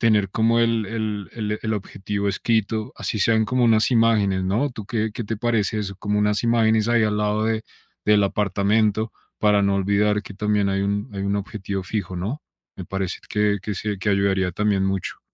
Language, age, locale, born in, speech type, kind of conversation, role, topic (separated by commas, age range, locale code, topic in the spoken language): Spanish, 30-34, es-CO, Colombia, conversation, advice, user, ¿Cómo puedo priorizar mis metas financieras por encima de mis gastos?